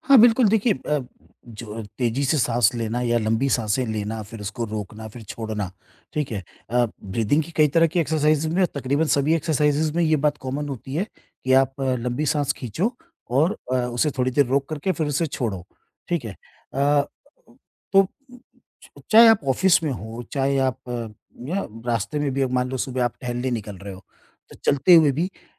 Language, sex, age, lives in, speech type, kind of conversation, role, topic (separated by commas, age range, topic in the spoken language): Hindi, male, 50-54, India, conversation, podcast, guest, Koi simple breathing trick jo tum use karte ho, batao?